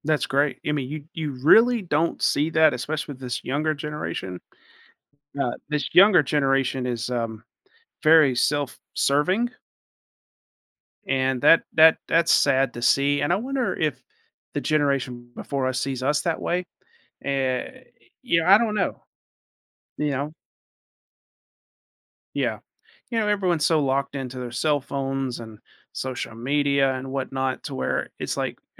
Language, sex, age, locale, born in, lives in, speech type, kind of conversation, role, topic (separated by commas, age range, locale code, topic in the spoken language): English, male, 40-44, en-US, United States, United States, conversation, advice, advisor, How can I show meaningful appreciation and deepen my relationships with supportive people?